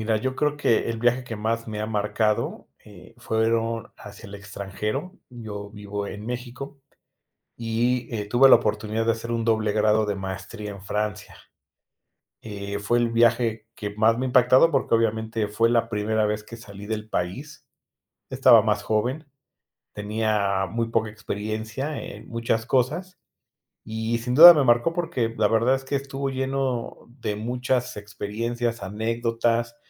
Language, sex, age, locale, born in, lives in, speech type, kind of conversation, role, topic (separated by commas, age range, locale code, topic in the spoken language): Spanish, male, 45-49, es-MX, Mexico, Mexico, conversation, podcast, guest, ¿Qué viaje te marcó de por vida y por qué?